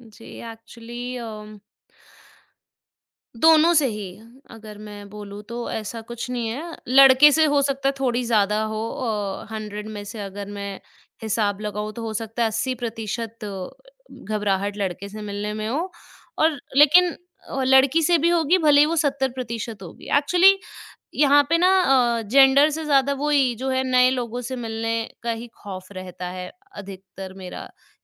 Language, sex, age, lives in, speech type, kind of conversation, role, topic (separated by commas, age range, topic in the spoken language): Hindi, female, 25-29, India, conversation, advice, user, क्या नए लोगों के बीच जाने पर आपको घबराहट महसूस होती है?